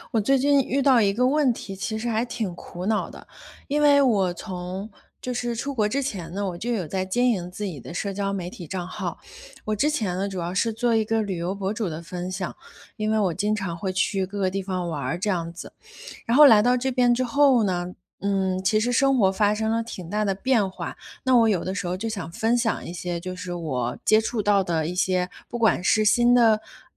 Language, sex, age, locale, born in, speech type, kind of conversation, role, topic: Chinese, female, 30-34, zh-CN, China, conversation, advice, user, 如何在网络上表达自己又能保护个人隐私？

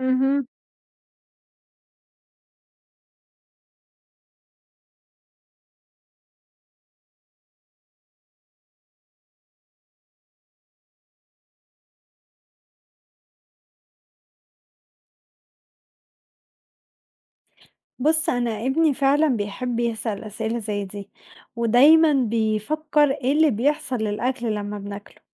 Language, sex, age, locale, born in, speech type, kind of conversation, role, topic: Arabic, female, 20-24, ar-EG, Egypt, read, expert-assistant, asker, إزاي أشرح لجسمي بيشتغل وأحمي نفسي بطريقة بسيطة للأطفال؟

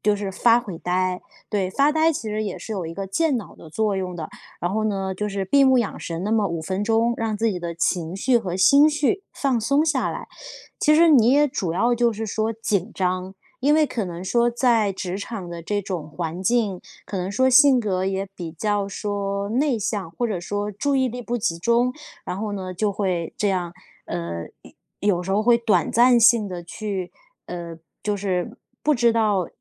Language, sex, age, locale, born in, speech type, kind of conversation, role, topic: Chinese, female, 30-34, zh-CN, China, conversation, advice, advisor, 工作焦虑是如何让你很难进入专注状态的？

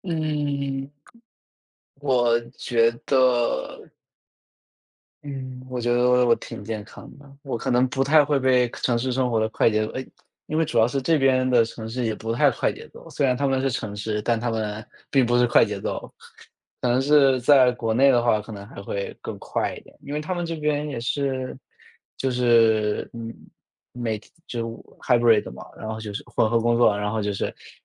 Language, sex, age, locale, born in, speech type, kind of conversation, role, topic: Chinese, male, 25-29, zh-CN, China, conversation, unstructured, none, 你更愿意在城市生活还是在乡村生活？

